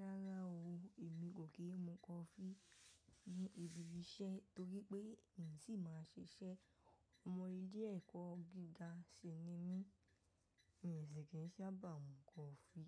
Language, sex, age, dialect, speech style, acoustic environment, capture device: Yoruba, female, 18-24, Nigeria - Ibadan, tired, nature, mobile / macOS / Safari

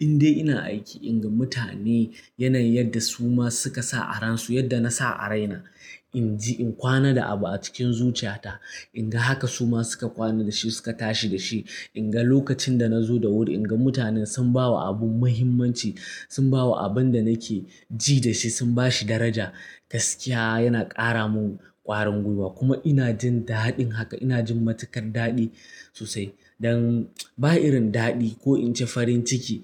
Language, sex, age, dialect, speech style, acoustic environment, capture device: Hausa, male, 18-24, Nigeria - Kano, anxious, nature, mobile / Linux / Chrome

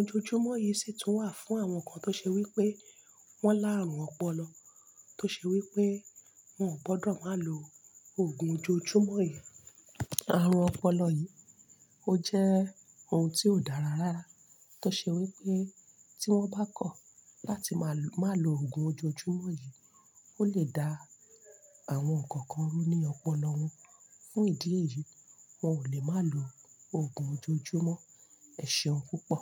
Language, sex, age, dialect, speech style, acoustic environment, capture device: Yoruba, female, 35-44, Nigeria - Lagos Yoruba, happy, nature, mobile / Linux / Chrome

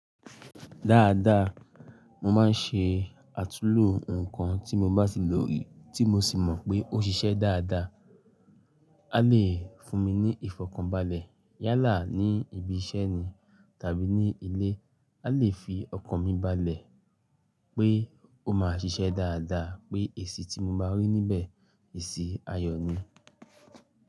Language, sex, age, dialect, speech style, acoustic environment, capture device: Yoruba, male, 35-44, Nigeria - Lagos Yoruba, happy, nature, mobile / Linux / Chrome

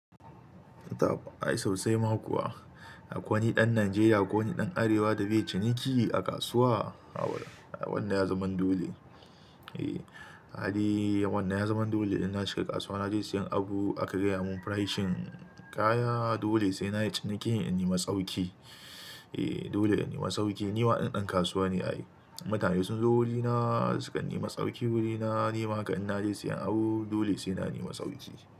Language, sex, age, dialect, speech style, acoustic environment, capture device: Hausa, male, 25-34, Nigeria - Kano, happy, silence, mobile / Linux / Chrome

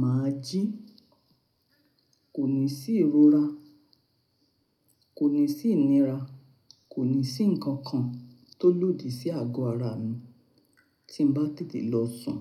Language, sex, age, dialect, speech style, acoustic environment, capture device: Yoruba, female, 45-54, Nigeria - Nigerian Standard, happy, nature, mobile / Linux / Chrome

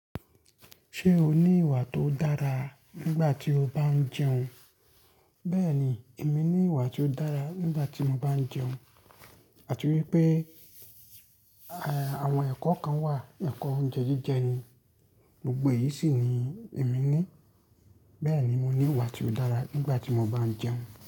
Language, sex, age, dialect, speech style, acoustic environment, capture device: Yoruba, male, 25-34, Nigeria - Ibadan, relaxed, traffic; nature, mobile / Linux / Chrome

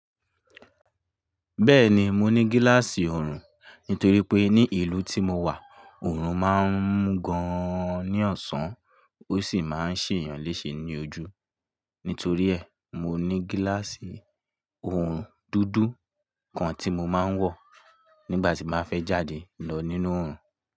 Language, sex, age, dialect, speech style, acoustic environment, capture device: Yoruba, male, 25-34, Nigeria - Lagos Yoruba, relaxed, nature, mobile / Linux / Chrome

